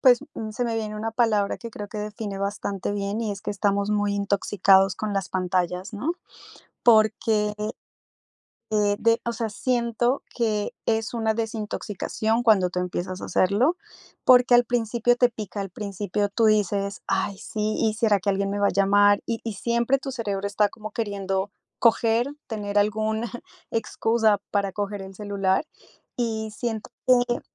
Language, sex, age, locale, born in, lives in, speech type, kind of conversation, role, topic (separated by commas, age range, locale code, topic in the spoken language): Spanish, female, 35-39, es-CO, Colombia, United States, conversation, podcast, guest, ¿Qué haces para desconectarte de las pantallas?